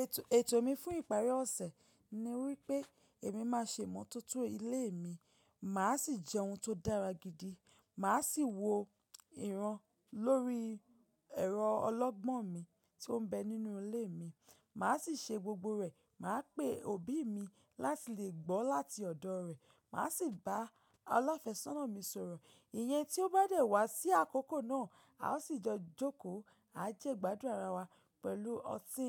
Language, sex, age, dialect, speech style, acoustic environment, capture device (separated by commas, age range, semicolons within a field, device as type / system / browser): Yoruba, female, 25-34, Nigeria - Ibadan, relaxed; focused, nature; appliances; silence, mobile / macOS / Safari